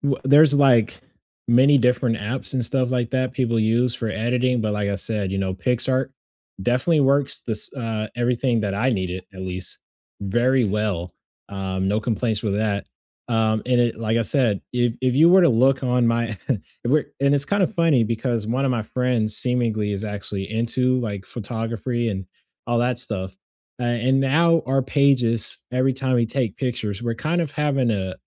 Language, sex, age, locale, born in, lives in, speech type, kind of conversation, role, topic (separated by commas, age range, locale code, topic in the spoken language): English, male, 20-24, en-US, United States, United States, conversation, unstructured, none, What simple tips help you keep your digital photos and videos organized—and enjoy revisiting memories together?